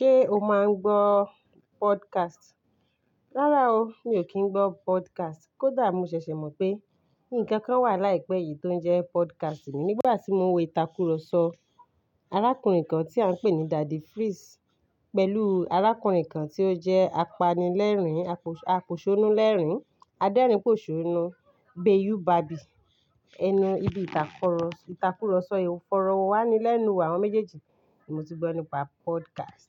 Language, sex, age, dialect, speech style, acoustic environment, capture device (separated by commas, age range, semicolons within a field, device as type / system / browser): Yoruba, female, 35-44, Nigeria - Ibadan, focused, silence, mobile / Linux / Chrome